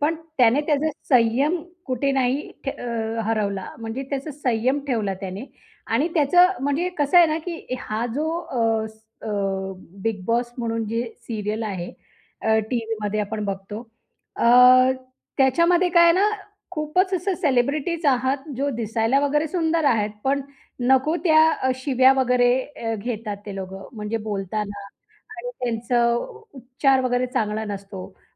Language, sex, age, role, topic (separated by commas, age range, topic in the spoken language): Marathi, female, 35-39, guest, कोणत्या प्रसिद्ध व्यक्तीने तुला सर्वात जास्त प्रभावित केले आहे?